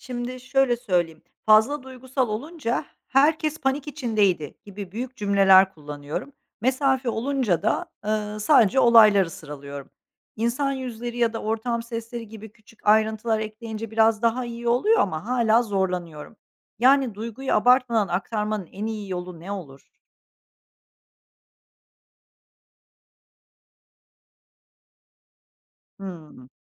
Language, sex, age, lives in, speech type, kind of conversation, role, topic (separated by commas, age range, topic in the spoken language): Turkish, female, 45-49, Netherlands, read, expert-assistant, asker, Haber yazarken okuyucunun ilgisini çekmek için hangi yöntemleri kullanabilirim? Etkili hikâye anlatımında hangi beceriler önemli?